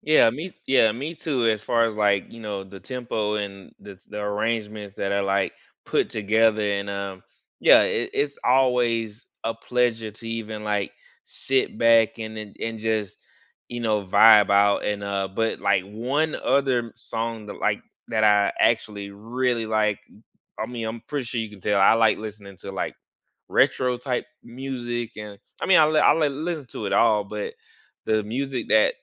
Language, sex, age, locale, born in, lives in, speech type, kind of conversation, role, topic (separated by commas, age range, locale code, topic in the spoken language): English, male, 35-39, en-US, United States, United States, conversation, unstructured, none, Which songs instantly lift your mood, and why?